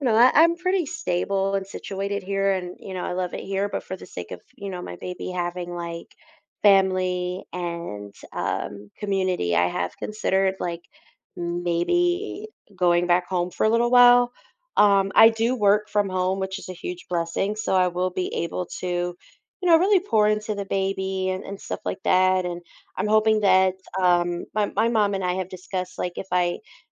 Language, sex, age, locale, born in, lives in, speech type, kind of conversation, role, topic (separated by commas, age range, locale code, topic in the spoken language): English, female, 30-34, en-US, United States, United States, conversation, advice, user, How should I prepare emotionally for becoming a parent?